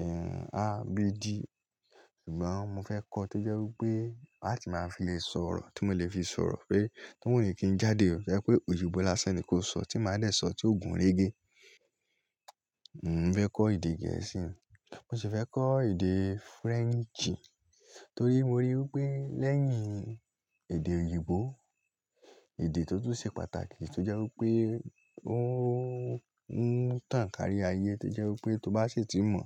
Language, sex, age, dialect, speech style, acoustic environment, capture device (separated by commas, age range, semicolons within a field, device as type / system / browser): Yoruba, male, 18-24, Nigeria - Lagos Yoruba, happy; relaxed, nature; silence, mobile / Linux / Chrome